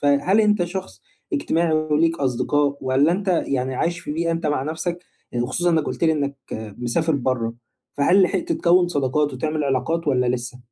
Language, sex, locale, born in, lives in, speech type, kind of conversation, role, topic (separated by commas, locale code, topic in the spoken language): Arabic, male, ar-EG, Egypt, Egypt, conversation, advice, advisor, إزاي ألاحظ وأحتفل بالتقدّم الصغير في حياتي اليومية؟